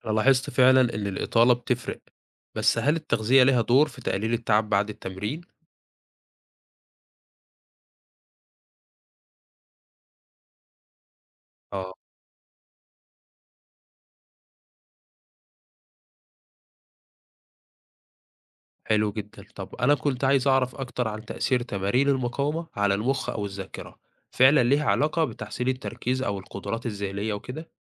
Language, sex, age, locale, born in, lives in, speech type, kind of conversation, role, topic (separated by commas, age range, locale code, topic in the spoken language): Arabic, male, 20-24, ar-EG, Egypt, Egypt, read, expert-assistant, asker, هل فعلاً تمارين المقاومة تستاهل الوقت والمجهود اللي بنبذله فيها مقارنة برياضة تانية زي المشي أو الجري من ناحية صحة الجسم والمخ؟